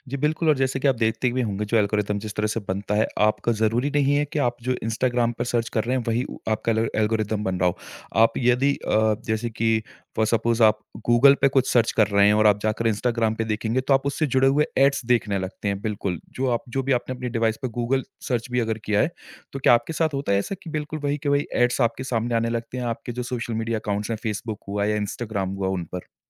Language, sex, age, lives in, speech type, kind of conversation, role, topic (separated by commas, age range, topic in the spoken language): Hindi, male, 30-34, India, conversation, podcast, host, Kya social media ke algorithms echo chamber banate hain, aur tumhara is baare mein kya anubhav raha hai?